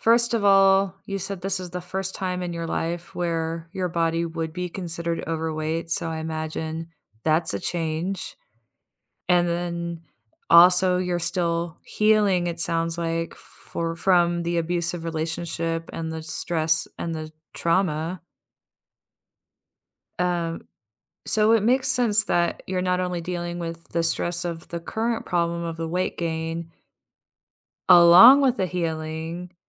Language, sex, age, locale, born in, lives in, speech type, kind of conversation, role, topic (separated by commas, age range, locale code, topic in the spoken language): English, female, 40-44, en-US, United States, United States, conversation, advice, advisor, How can I talk to my close friend about our disagreement and rebuild our friendship?